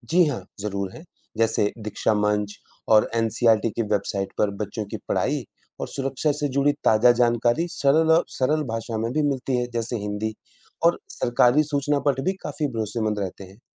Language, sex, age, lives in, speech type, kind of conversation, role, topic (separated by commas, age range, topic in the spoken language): Hindi, male, 35-39, India, read, expert-assistant, expert, ऑनलाइन पढ़ाई के लिए सबसे असरदार तकनीकी साधन कैसे चुनें?